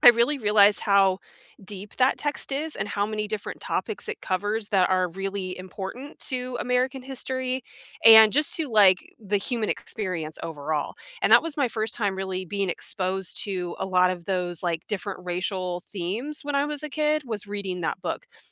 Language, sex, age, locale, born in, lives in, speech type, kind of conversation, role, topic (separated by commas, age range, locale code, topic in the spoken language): English, female, 30-34, en-US, United States, United States, conversation, unstructured, none, Which books did you read in school that most influenced how you think and who you became, and why?